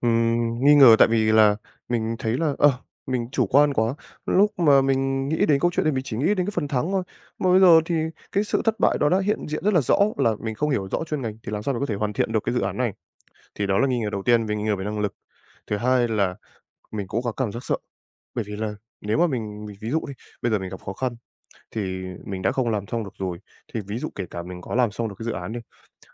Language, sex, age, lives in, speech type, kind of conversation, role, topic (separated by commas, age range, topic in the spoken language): Vietnamese, male, 20-24, Japan, conversation, advice, user, Duy trì động lực và trách nhiệm